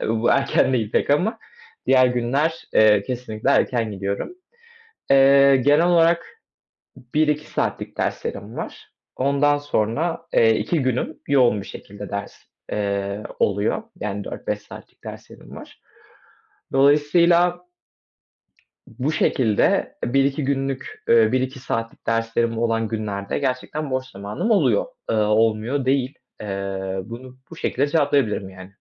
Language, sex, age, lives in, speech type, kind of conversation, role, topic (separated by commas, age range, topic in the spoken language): Turkish, male, 20-24, Poland, conversation, advice, user, Zamanımı önceliklendirmekte neden zorlanıyorum?